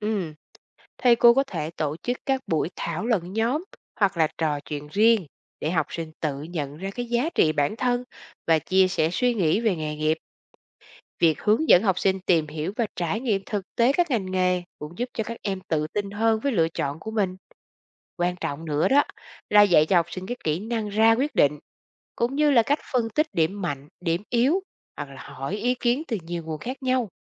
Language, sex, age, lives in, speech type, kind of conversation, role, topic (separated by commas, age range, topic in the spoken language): Vietnamese, female, 40-44, Vietnam, read, expert-assistant, expert, Vai trò của thầy cô giáo trong việc định hướng nghề nghiệp